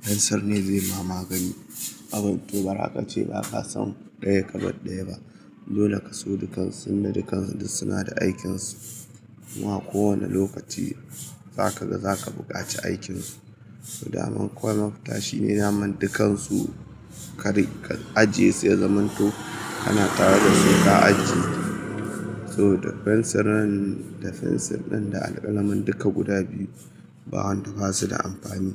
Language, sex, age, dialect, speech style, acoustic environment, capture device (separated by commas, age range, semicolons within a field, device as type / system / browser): Hausa, male, 25-34, Nigeria - Kano, excited; happy, appliances; people talking, mobile / Linux / Chrome